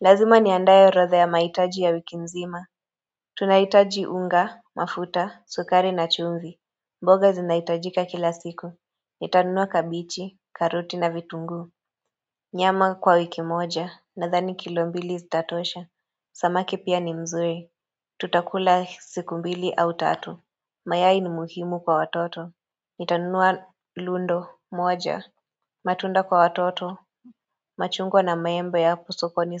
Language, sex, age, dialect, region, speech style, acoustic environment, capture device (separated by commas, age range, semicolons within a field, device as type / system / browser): Swahili, female, 25-34, Kenya - Nairobi Sheng-influenced, Kenya, relaxed, silence, mobile / Android / Chrome